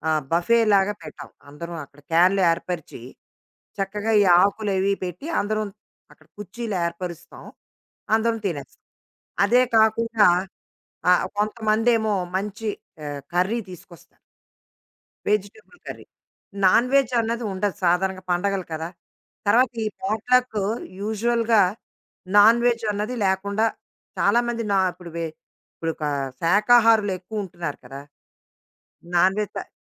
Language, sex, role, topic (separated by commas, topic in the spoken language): Telugu, female, guest, పోట్లక్ పార్టీకోసం వంట చేయేటప్పుడు మీరు ఏ అంశాలకు ఎక్కువ ప్రాధాన్యం ఇస్తారు?